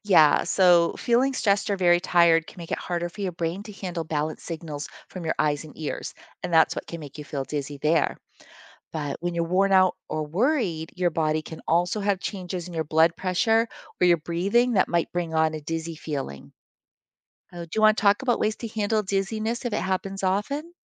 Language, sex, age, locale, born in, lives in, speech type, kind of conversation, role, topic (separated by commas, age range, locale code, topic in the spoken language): English, female, 55-59, en-US, United States, United States, read, expert-assistant, expert, Why does spinning cause dizziness?